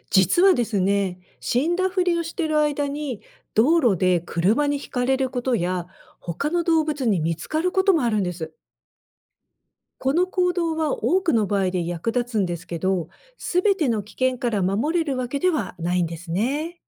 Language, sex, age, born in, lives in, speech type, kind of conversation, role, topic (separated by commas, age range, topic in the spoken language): Japanese, female, 55-59, Japan, United States, read, expert-assistant, expert, どうしてオポッサムは死んだふりをするのでしょうか？